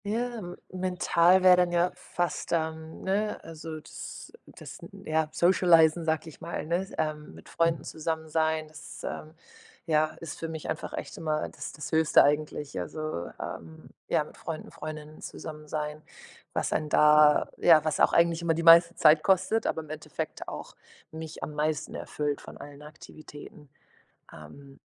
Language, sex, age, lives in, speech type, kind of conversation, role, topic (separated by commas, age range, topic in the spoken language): German, female, 25-29, Portugal, conversation, advice, user, Wie finde ich die richtige Balance zwischen Aktivität und Ruhe?